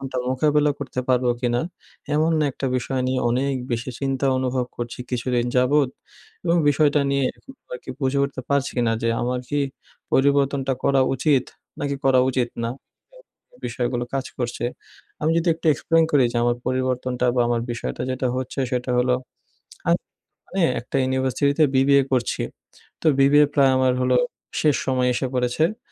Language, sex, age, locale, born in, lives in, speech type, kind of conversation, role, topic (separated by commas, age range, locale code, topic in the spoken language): Bengali, male, 20-24, bn-BD, Bangladesh, Bangladesh, conversation, advice, user, পরিবর্তনের সময় আমি কীভাবে প্রতিরোধ সামলে আত্মবিশ্বাস বজায় রাখতে পারি?